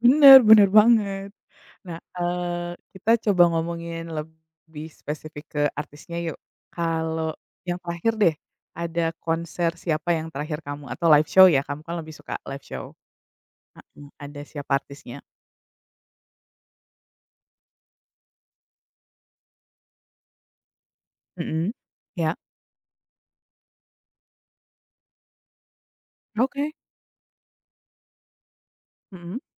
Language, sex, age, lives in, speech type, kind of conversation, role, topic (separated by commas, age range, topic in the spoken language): Indonesian, female, 35-39, United States, conversation, unstructured, none, Apa pengalaman paling berkesan yang pernah kamu alami saat menonton pertunjukan musik?